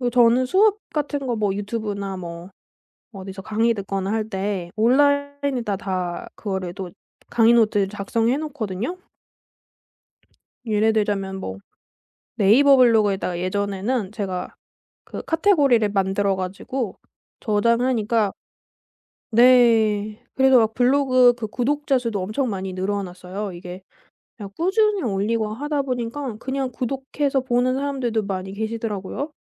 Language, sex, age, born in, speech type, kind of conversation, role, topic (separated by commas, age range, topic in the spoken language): Korean, female, 25-29, South Korea, conversation, podcast, guest, 독학할 때 학습 자료를 어떻게 골라 모아 정리하시나요?